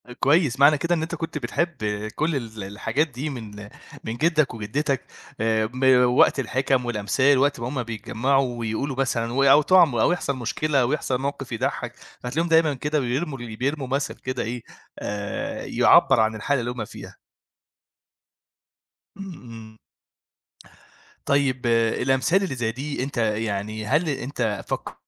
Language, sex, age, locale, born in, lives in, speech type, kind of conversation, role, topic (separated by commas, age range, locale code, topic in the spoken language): Arabic, male, 25-29, ar-EG, Egypt, Egypt, conversation, podcast, host, إيه دور الأمثال والأغاني الشعبية في تراثكم؟